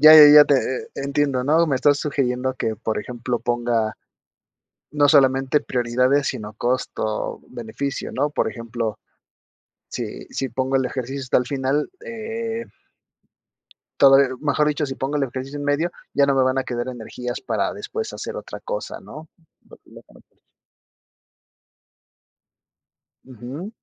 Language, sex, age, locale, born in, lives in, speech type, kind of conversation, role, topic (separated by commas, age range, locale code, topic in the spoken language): Spanish, male, 30-34, es-MX, Mexico, Mexico, conversation, advice, user, ¿Cómo sueles procrastinar antes de empezar tareas importantes?